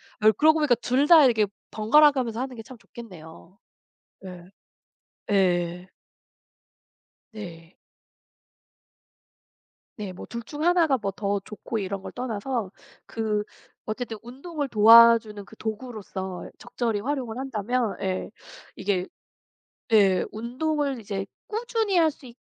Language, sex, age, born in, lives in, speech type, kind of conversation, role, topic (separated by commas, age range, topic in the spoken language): Korean, female, 35-39, South Korea, United States, conversation, unstructured, none, 운동할 때 음악과 방송 중 무엇을 듣는 것을 더 좋아하시나요?